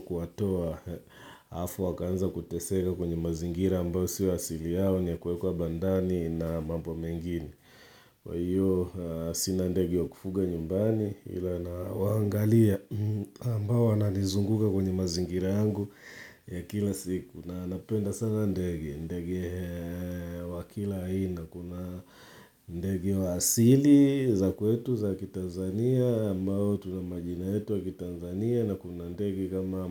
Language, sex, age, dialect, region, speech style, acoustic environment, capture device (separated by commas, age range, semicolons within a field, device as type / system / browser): Swahili, male, 35-44, Tanzania - Dar es Salaam, Tanzania, relaxed, silence, mobile / Linux / Chrome